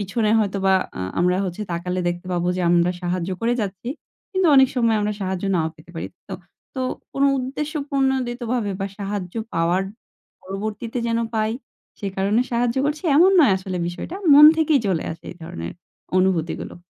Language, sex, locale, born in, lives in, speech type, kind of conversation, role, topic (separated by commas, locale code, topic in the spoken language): Bengali, female, bn-BD, Bangladesh, Bangladesh, conversation, podcast, guest, কাউকে সাহায্য করার পর হঠাৎ কী আশ্চর্য ফল দেখেছো?